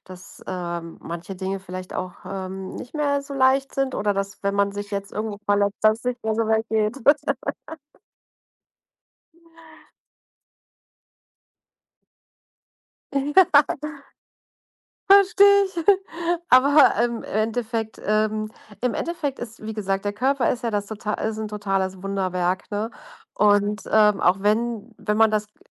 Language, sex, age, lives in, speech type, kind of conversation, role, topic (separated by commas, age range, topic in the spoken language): German, female, 40-44, Germany, conversation, advice, advisor, Wie kannst du deinen Alltag neu organisieren, wenn sich deine Gesundheit oder Mobilität plötzlich verändert hat?